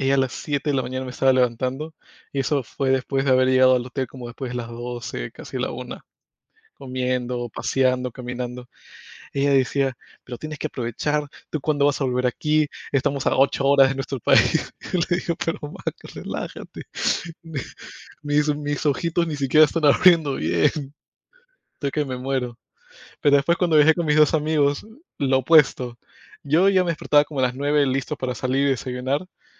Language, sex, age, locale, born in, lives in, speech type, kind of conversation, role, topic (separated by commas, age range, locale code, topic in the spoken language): Spanish, male, 25-29, es-PE, United States, United States, conversation, unstructured, none, ¿Cómo equilibras tu tiempo entre la familia y tus amigos?